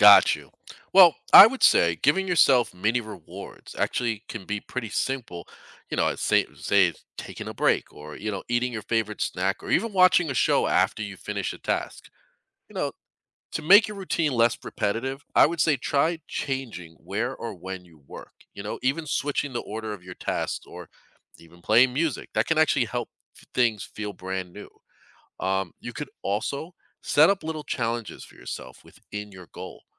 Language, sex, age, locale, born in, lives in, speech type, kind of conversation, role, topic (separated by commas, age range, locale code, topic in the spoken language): English, male, 40-44, en-US, United States, United States, read, expert-assistant, expert, What are the benefits of setting personal goals?